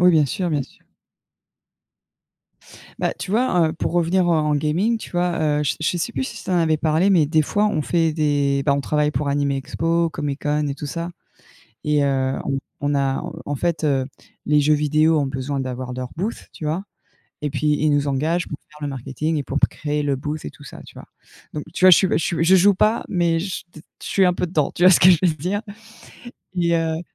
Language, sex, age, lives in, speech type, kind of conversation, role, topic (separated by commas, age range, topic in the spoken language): French, female, 40-44, United States, conversation, unstructured, none, Comment aborderiez-vous les différences culturelles au sein d’une équipe internationale en télétravail ?